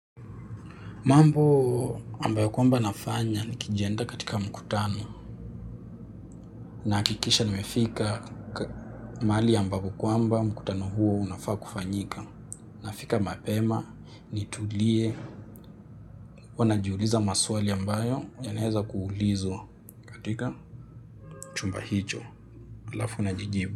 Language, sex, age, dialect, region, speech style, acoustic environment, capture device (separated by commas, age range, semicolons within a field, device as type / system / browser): Swahili, male, 18-24, Kenya - Nairobi Sheng-influenced, Kenya, happy; relaxed, silence, mobile / Linux / Chrome